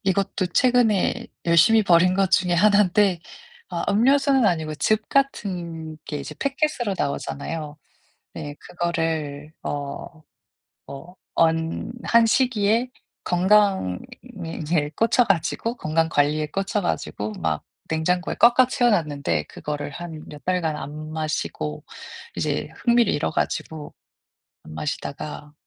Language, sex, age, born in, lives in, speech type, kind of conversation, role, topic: Korean, female, 35-39, South Korea, United States, conversation, advice, user, 충동구매를 줄이고 가치 중심으로 소비하려면 어떻게 시작하면 좋을까요?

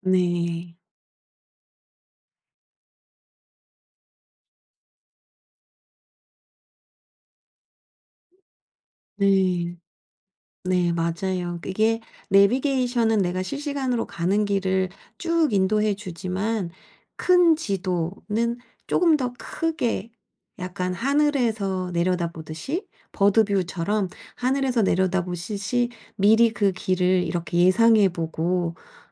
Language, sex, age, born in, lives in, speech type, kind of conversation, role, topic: Korean, female, 45-49, South Korea, South Korea, conversation, advice, advisor, 실수했을 때 빠르게 회복하려면 어떻게 해야 하나요?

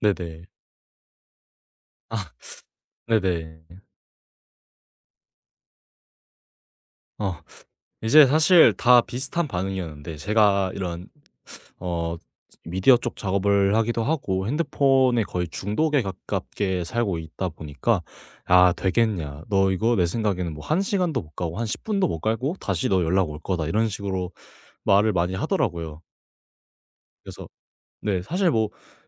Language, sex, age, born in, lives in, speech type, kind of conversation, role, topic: Korean, male, 18-19, South Korea, Japan, conversation, podcast, guest, 디지털 디톡스를 해본 적이 있나요?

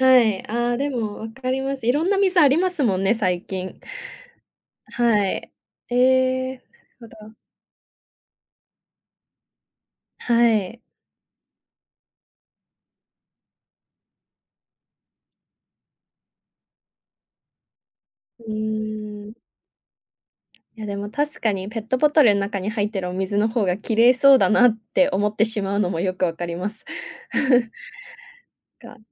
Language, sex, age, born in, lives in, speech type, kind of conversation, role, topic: Japanese, female, 20-24, Japan, Japan, conversation, podcast, host, あなたの人生を豊かにしてくれた小さな習慣は何ですか？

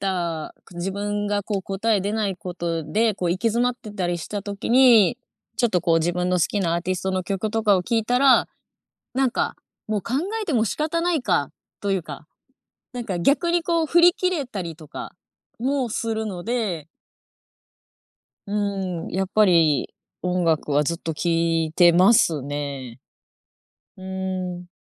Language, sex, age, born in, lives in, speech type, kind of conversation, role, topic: Japanese, female, 25-29, Japan, Japan, conversation, podcast, guest, 音楽は気分にどんな影響を与えると感じますか？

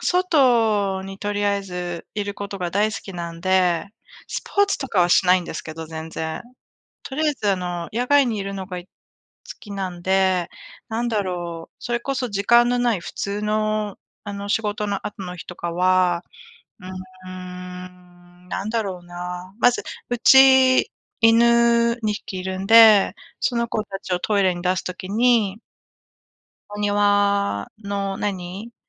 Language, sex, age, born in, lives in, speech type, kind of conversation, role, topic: Japanese, female, 45-49, Japan, United States, conversation, unstructured, none, 仕事や学校の後は、どのようにリラックスしていますか？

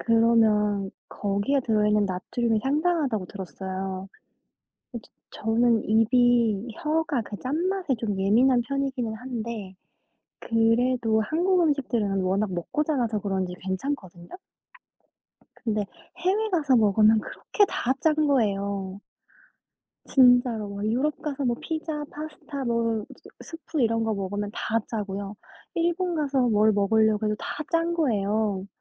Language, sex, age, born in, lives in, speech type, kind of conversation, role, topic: Korean, female, 25-29, South Korea, United States, conversation, unstructured, none, 단 음식과 짠 음식 중 어느 쪽을 더 좋아하시나요?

